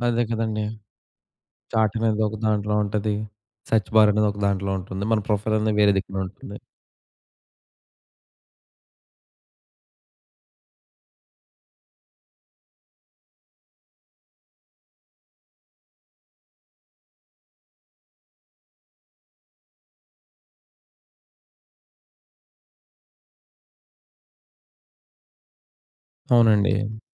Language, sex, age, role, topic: Telugu, male, 20-24, host, నువ్వు కొత్త సాంకేతికతను రోజూ వాడటానికి ఎలా అలవాటు చేసుకుంటావు?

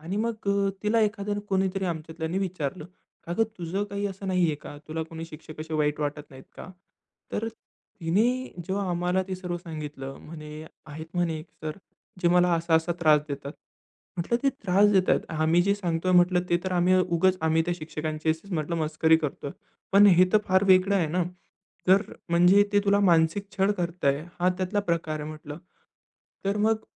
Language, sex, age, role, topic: Marathi, male, 20-24, guest, वैयक्तिक अनुभव शेअर केल्याने लोकांशी जुळवून घेणं सोपं का होतं?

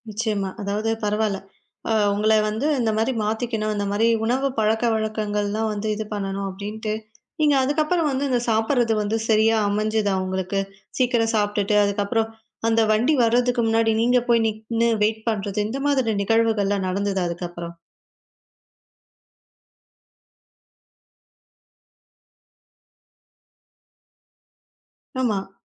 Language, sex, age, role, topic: Tamil, female, 20-24, host, ஒரு பழக்கத்தை மாற்றியதன் மூலம் நீங்கள் என்ன கற்றுக்கொண்டீர்கள்?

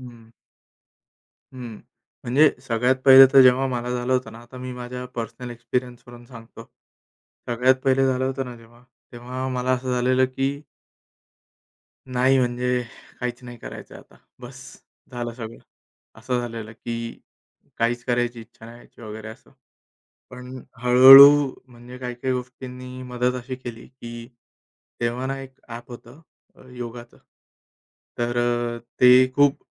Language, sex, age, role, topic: Marathi, male, 30-34, guest, बर्नआउटची लवकर ओळख कशी करावी?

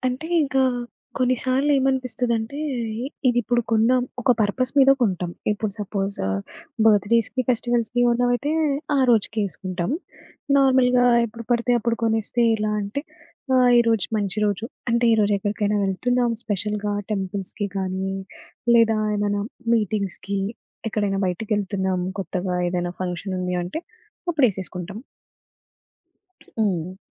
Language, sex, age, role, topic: Telugu, female, 25-29, guest, దుస్తుల్లో మీ వ్యక్తిత్వం నిజంగా కనిపిస్తుందా?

